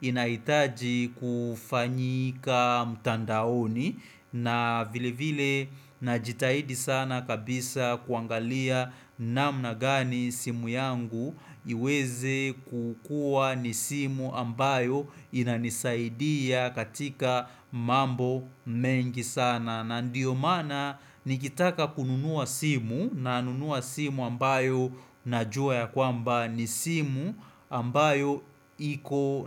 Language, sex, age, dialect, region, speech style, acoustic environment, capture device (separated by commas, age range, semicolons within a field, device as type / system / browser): Swahili, male, 25-34, Kenya - Nairobi Sheng-influenced, Kenya, focused, silence; appliances, desktop / Windows / Chrome